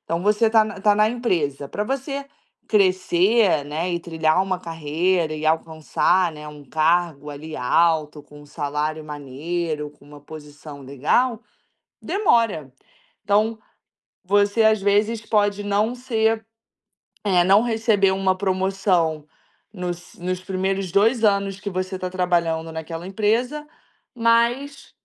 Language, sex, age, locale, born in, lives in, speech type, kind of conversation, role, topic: Portuguese, female, 25-29, pt-BR, Brazil, Spain, conversation, advice, advisor, Como posso aprender a enxergar os impactos a longo prazo das minhas escolhas?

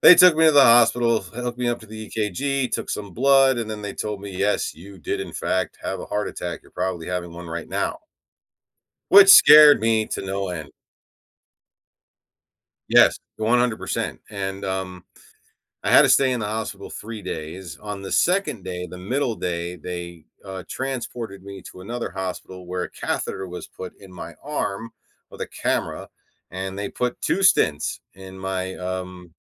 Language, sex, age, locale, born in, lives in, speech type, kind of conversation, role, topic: English, male, 45-49, en-US, United States, United States, conversation, advice, user, How can I set boundaries and manage my time to balance work and personal life?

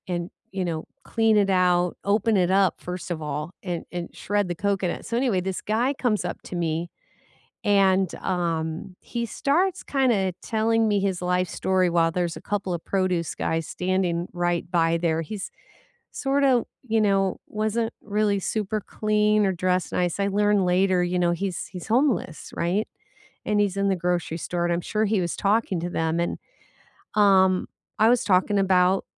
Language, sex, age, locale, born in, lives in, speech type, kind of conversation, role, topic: English, female, 60-64, en-US, United States, United States, conversation, unstructured, none, What made your best recent conversation with a stranger meaningful, and are these interactions worth seeking out?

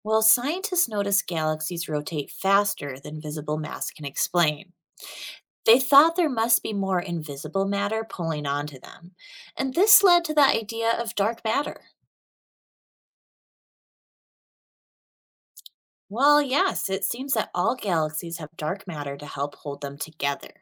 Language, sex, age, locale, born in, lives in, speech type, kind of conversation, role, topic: English, female, 30-34, en-US, United States, United States, read, expert-assistant, expert, What are dark matter and dark energy?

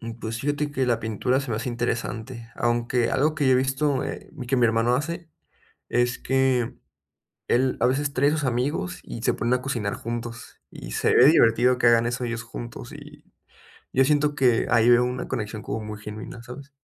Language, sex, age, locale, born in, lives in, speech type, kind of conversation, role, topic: Spanish, male, 20-24, es-MX, Mexico, Mexico, conversation, advice, user, ¿Cómo puedo crear conexiones más significativas con mis conocidos?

